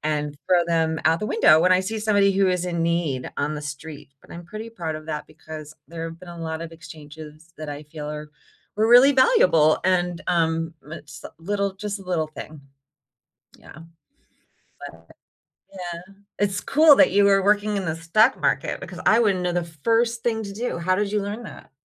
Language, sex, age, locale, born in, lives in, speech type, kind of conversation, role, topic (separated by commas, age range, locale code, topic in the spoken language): English, female, 45-49, en-US, United States, United States, conversation, unstructured, none, What is one money habit that makes you feel proud?